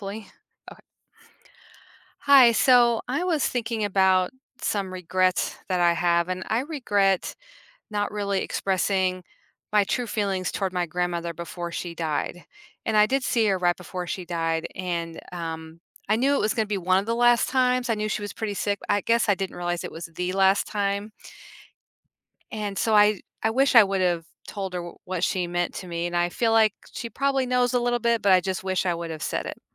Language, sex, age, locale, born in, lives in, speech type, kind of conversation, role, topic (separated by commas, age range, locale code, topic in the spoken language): English, female, 50-54, en-US, United States, United States, conversation, advice, user, How do I tell my friend I regret not sharing romantic feelings?